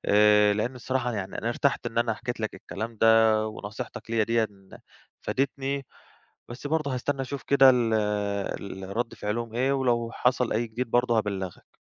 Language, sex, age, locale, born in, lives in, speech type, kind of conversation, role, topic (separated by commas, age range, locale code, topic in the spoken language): Arabic, male, 25-29, ar-EG, Egypt, Greece, conversation, advice, user, إزاي تعدد المهام بيأثر على جودة وكثافة شغلك اللي محتاج تركيز؟